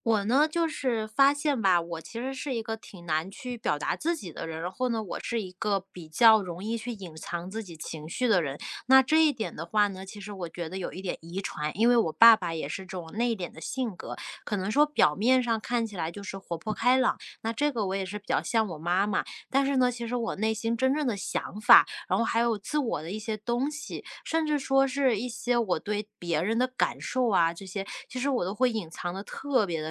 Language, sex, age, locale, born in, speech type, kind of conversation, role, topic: Chinese, female, 30-34, zh-CN, China, conversation, advice, user, 我该怎么做才能更真实地表达自己，说出真心话？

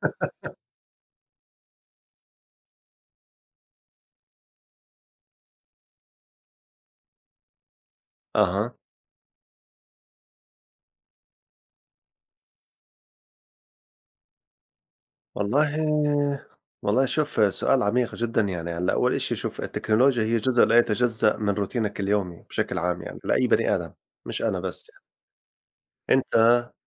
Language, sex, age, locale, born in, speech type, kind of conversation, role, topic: Arabic, male, 40-44, ar-JO, Saudi Arabia, conversation, unstructured, none, إزاي التكنولوجيا بتأثر على روتينك اليومي؟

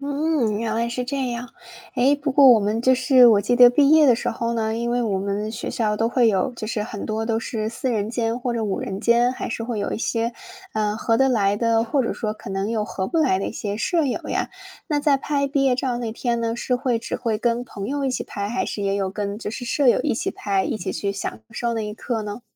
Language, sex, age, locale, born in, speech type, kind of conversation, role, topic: Chinese, female, 25-29, zh-CN, China, conversation, podcast, host, 你还记得毕业那天的心情吗？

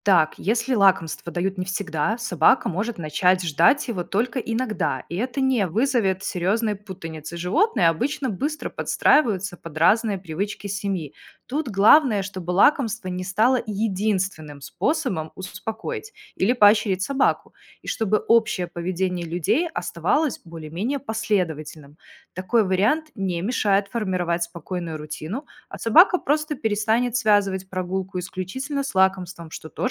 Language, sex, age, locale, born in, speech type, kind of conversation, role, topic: Russian, female, 30-34, ru-RU, Belarus, read, expert-assistant, expert, Какие приоритеты важнее всего в уходе за собакой дома и на прогулке?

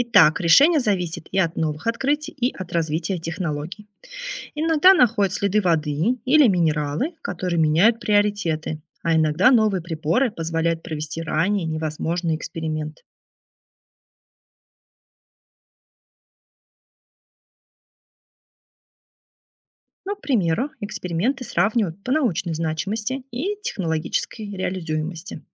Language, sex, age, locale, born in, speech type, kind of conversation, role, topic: Russian, female, 30-34, ru-RU, Russia, read, expert-assistant, expert, Как организовать миссию по поиску жизни на других планетах: какие ресурсы нужны и какие шаги важны?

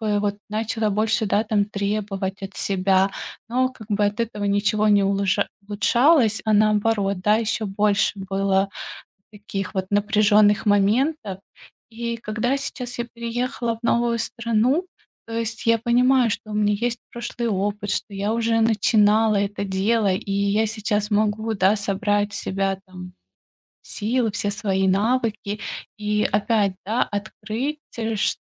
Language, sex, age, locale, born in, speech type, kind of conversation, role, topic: Russian, female, 35-39, ru-RU, Ukraine, conversation, advice, user, Как преодолеть страх пробовать новые навыки после карьерного провала?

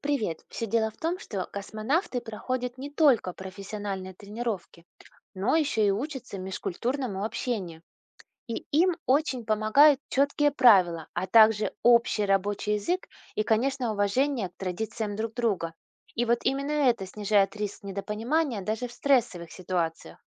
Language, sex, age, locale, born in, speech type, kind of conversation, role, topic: Russian, female, 40-44, ru-RU, Russia, read, expert-assistant, expert, Какие сложности возникают при работе в международных космических экипажах, и как находят общий язык люди из разных стран?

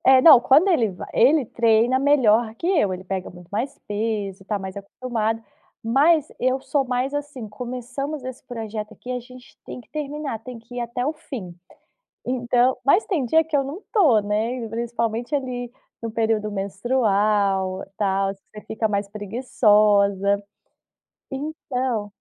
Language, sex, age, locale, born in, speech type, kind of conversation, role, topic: Portuguese, female, 30-34, pt-BR, Brazil, conversation, podcast, guest, Como você cria disciplina para se exercitar regularmente?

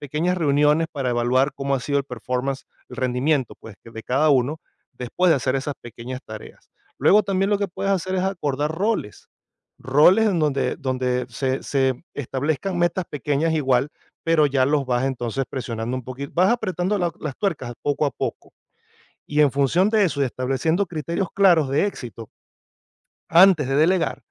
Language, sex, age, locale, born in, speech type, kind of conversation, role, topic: Spanish, male, 45-49, es-VE, Venezuela, conversation, advice, advisor, ¿Por qué me cuesta delegar tareas clave y confiar en mi equipo?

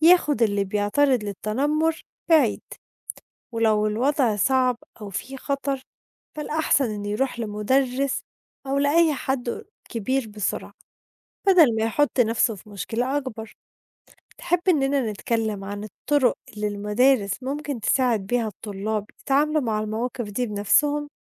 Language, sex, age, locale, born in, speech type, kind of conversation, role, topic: Arabic, female, 20-24, ar-EG, Egypt, read, expert-assistant, expert, كيف يمكن مواجهة ظاهرة التنمر في المدارس؟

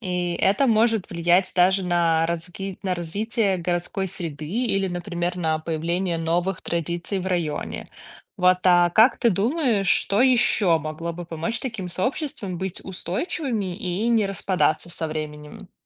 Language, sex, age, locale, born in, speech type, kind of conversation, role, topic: Russian, female, 30-34, ru-RU, Belarus, read, expert-assistant, expert, Можно ли доверять рейтингам стран по уровню счастья, которые часто показывают в новостях?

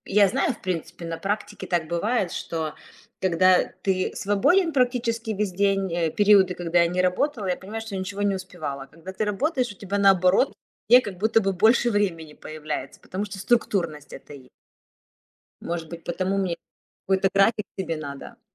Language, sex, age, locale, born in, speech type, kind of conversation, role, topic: Russian, female, 35-39, ru-RU, Ukraine, conversation, advice, user, Как найти время и мотивацию для любимого хобби?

